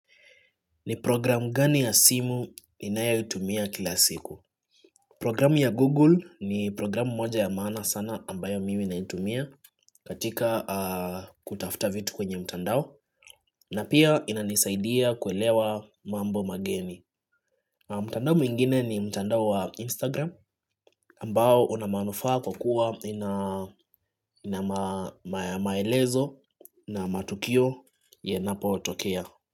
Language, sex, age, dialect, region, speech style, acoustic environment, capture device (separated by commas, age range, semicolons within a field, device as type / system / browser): Swahili, male, 25-34, Kenya - Nairobi Sheng-influenced, Kenya, relaxed, nature; silence, desktop / Linux / Chrome